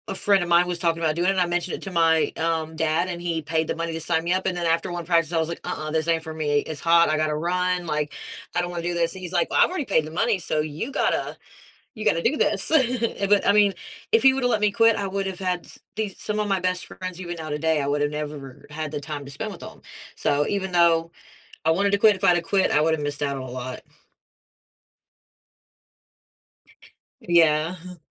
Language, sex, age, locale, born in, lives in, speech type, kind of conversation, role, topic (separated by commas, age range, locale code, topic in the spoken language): English, female, 35-39, en-US, United States, United States, conversation, podcast, host, How can setbacks help us grow and move closer to our goals?